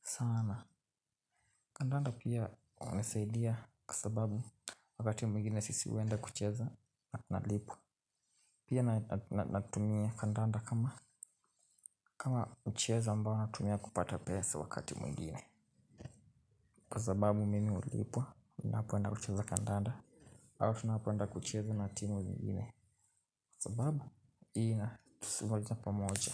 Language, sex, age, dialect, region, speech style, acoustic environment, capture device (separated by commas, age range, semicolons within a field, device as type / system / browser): Swahili, male, 18-24, Kenya - Nairobi Sheng-influenced, Kenya, happy, nature, mobile / Linux / Chrome